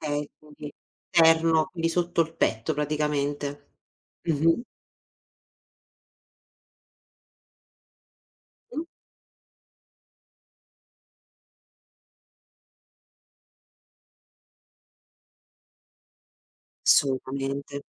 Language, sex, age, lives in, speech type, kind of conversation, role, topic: Italian, female, 30-34, Italy, conversation, podcast, host, In che modo il respiro ti aiuta a capire il tuo stato d'animo?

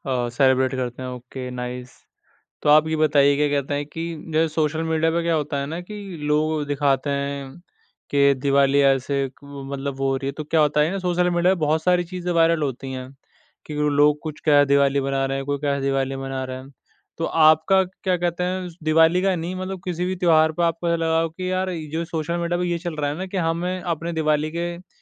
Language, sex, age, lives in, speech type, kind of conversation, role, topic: Hindi, male, 20-24, India, conversation, podcast, host, Festival par tum log ghar mein kya khaas karte ho?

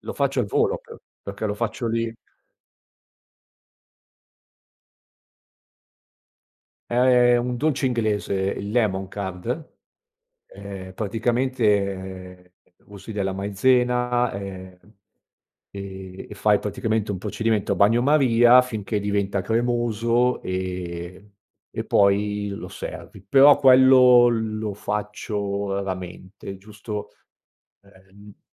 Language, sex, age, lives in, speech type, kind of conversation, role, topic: Italian, male, 50-54, Italy, conversation, podcast, guest, Come ti organizzi per preparare una cena accogliente con gli amici?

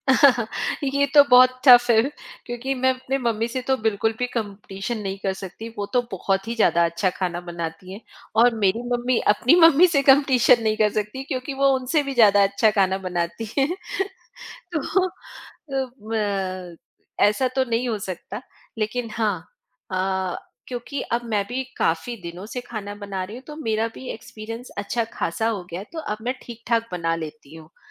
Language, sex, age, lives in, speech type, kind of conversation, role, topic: Hindi, female, 45-49, India, conversation, podcast, guest, Kaunsi dish aapko apni roots yaad dilati hai?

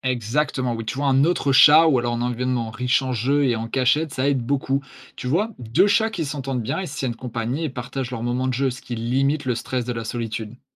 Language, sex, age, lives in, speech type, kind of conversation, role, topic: French, male, 20-24, France, read, expert-assistant, expert, Quelles méthodes aident à mieux comprendre le caractère des différentes races de chiens et de chats ?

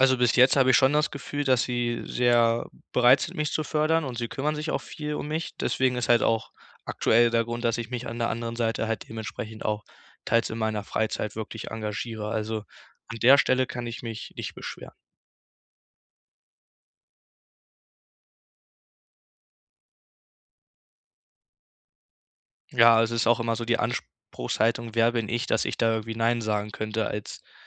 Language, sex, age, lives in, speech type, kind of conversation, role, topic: German, male, 18-19, Germany, conversation, advice, user, Wie kann ich klare Grenzen zwischen Arbeit und Privatleben setzen?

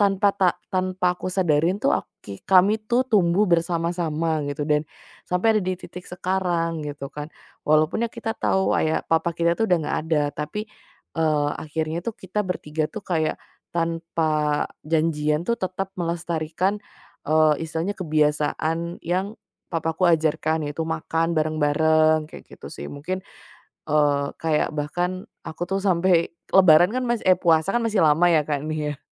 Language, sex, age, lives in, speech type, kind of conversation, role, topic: Indonesian, female, 25-29, Indonesia, conversation, podcast, guest, Pertanyaan singkat dan alami tentang kebiasaan kecil yang membuat rumah terasa hangat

